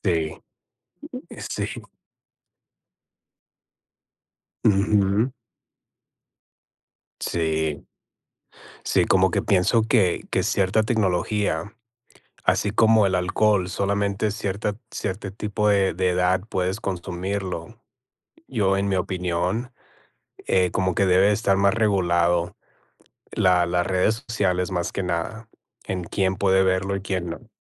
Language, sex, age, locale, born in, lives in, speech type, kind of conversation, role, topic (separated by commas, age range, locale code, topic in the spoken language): Spanish, male, 40-44, es-MX, United States, United States, conversation, unstructured, none, ¿Crees que la sociedad pone demasiadas expectativas sobre nosotros?